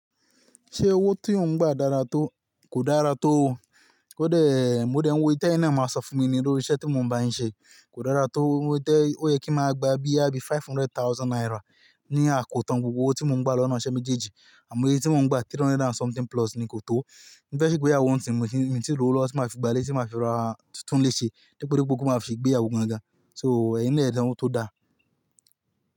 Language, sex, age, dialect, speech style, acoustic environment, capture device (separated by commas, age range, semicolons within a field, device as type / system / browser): Yoruba, male, 25-34, Nigeria - Ibadan, happy; relaxed; excited, nature, mobile / Linux / Chrome